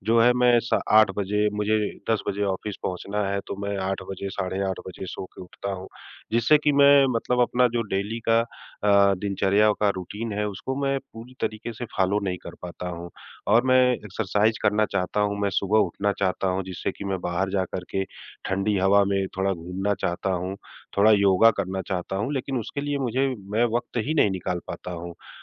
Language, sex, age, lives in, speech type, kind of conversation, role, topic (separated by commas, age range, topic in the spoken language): Hindi, male, 40-44, India, conversation, advice, user, सुबह की खराब आदतों के कारण दिनभर समय गंवाना